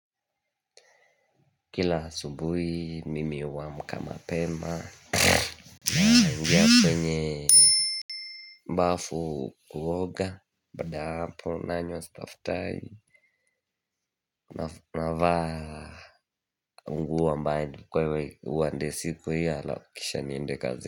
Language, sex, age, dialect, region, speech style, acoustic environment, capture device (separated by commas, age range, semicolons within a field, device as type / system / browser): Swahili, male, 18-24, Kenya - Mombasa, Kenya, happy, silence, mobile / Linux / Chrome